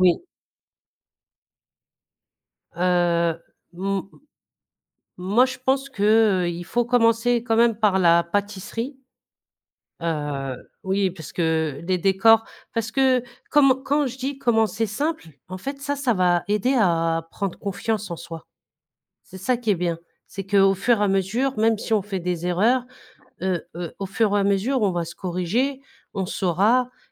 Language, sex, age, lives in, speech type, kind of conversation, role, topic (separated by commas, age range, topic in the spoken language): French, female, 40-44, France, conversation, podcast, guest, Quel est ton conseil pour débuter en pâtisserie ?